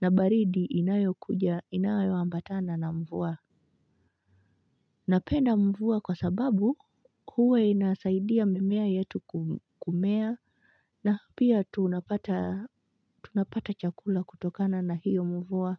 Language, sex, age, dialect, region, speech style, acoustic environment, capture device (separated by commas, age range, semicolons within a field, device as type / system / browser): Swahili, female, 25-34, Kenya - Mombasa, Kenya, relaxed, nature, mobile / Linux / Chrome